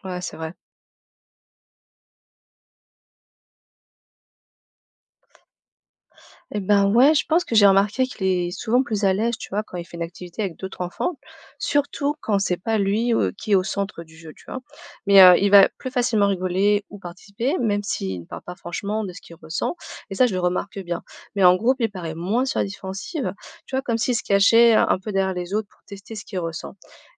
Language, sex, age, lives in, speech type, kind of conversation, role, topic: French, female, 35-39, France, read, expert-assistant, asker, Si tu pouvais réinventer la façon dont les enfants apprennent à gérer leurs émotions, tu ferais comment ?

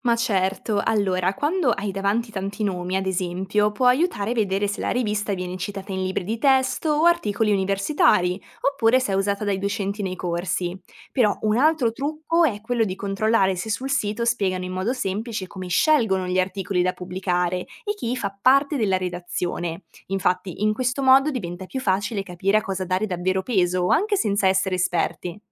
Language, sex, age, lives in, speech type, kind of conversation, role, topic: Italian, female, 20-24, Italy, read, expert-assistant, expert, Come posso capire se quello che leggo sulle scelte economiche delle persone è davvero affidabile?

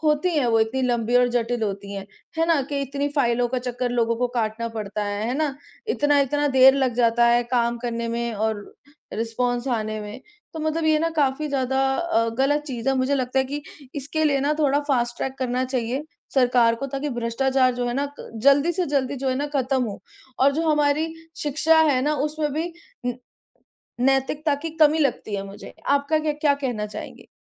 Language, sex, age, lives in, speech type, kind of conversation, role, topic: Hindi, male, 30-34, India, conversation, unstructured, none, आपके हिसाब से भ्रष्टाचार क्यों बढ़ता है?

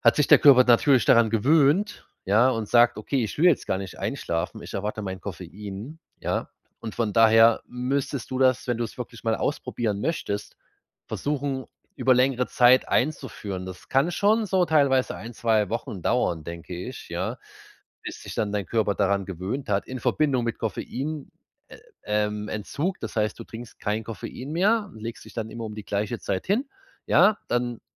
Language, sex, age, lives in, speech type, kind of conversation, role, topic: German, male, 30-34, Germany, conversation, advice, advisor, Wie kann ein kurzer Kurzschlaf meine Energie tagsüber schnell auffrischen?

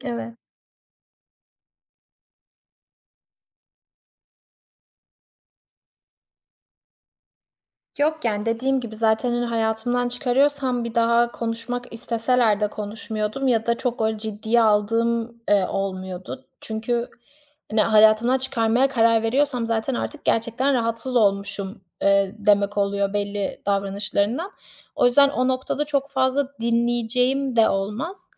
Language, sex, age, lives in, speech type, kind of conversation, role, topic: Turkish, female, 25-29, Italy, conversation, podcast, guest, İlişkiler sana kendin hakkında neler öğretti?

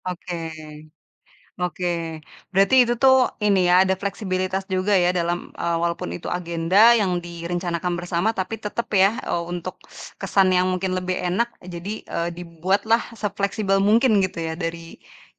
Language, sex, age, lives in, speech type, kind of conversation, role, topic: Indonesian, female, 30-34, Indonesia, conversation, podcast, host, Apa pelajaran terbesar yang kamu dapat dari pengalaman tersesat saat bepergian?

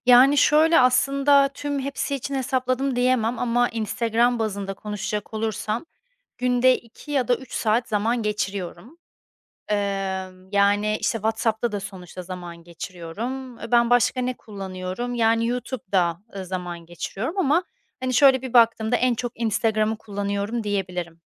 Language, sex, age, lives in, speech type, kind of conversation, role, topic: Turkish, female, 30-34, Spain, conversation, advice, user, Dijital detoksa nasıl başlayıp ekran kullanımınıza sağlıklı sınırlar koyabilirsiniz?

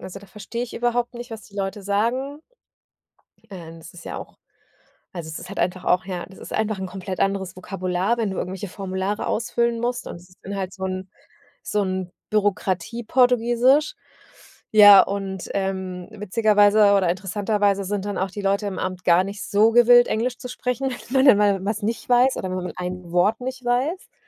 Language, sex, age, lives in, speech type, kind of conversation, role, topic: German, female, 40-44, Germany, conversation, advice, user, Wie bleibe ich motiviert, wenn ich keine Fortschritte sehe?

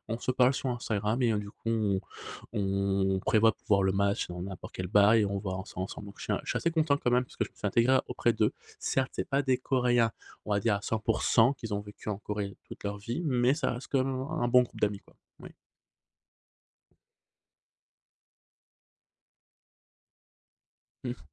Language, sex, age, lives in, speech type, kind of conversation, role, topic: French, male, 30-34, Spain, conversation, podcast, guest, Comment gères-tu la solitude lorsque tu voyages ?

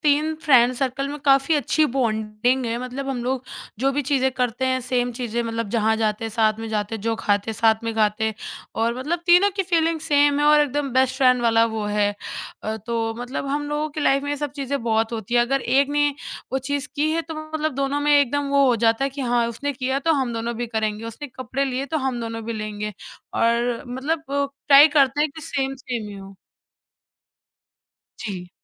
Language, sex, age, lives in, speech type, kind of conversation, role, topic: Hindi, male, 30-34, India, conversation, podcast, guest, Tum apne ideas ko kaise capture karte ho?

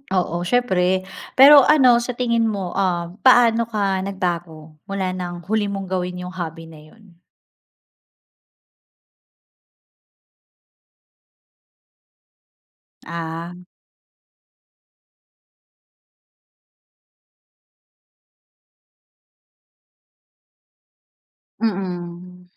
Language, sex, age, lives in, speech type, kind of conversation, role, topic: Filipino, female, 35-39, Philippines, conversation, podcast, host, Paano mo sisimulan muli ang dati mong libangan?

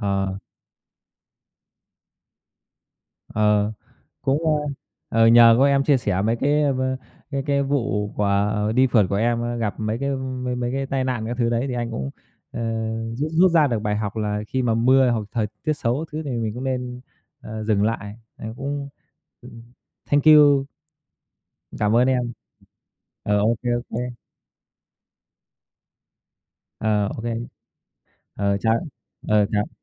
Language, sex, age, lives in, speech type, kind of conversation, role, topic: Vietnamese, male, 30-34, Vietnam, conversation, unstructured, none, Điều gì khiến bạn cảm thấy hứng thú nhất khi đi phượt?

